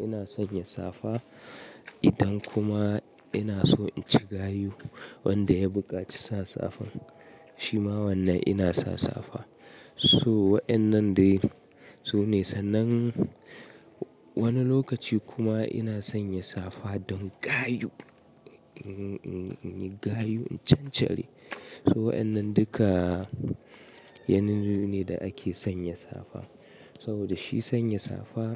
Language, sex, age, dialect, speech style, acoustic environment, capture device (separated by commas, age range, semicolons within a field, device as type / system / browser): Hausa, male, 25-34, Nigeria - Kano, relaxed; nervous, nature; appliances, mobile / Linux / Chrome